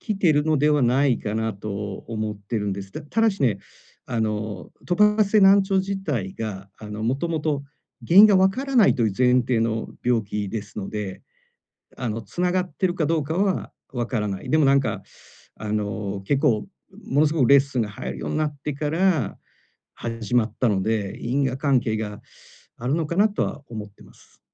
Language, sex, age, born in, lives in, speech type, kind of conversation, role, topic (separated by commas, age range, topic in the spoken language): Japanese, male, 45-49, Japan, Japan, conversation, advice, user, 休息を優先しながら、やんわり断るにはどうすればよいですか？